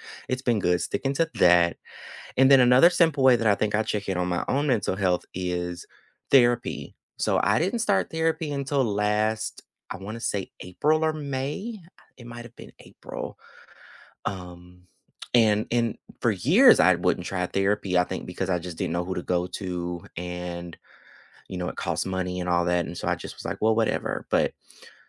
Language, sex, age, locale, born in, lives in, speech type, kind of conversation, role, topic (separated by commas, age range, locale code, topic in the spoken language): English, male, 25-29, en-US, United States, United States, conversation, unstructured, none, What are some simple ways you check in on your mental health and feel more connected?